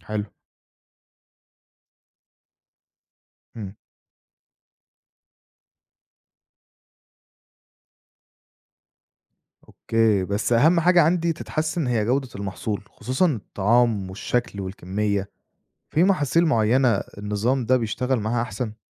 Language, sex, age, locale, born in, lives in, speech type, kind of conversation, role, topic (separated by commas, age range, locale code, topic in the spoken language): Arabic, male, 25-29, ar-EG, Egypt, Egypt, read, expert-assistant, asker, إزاي أقدر أوازن بين استخدام التكنولوجيا الحديثة في الزراعة والحفاظ على البيئة علشان أحقق استدامة في المزرعة بتاعتي؟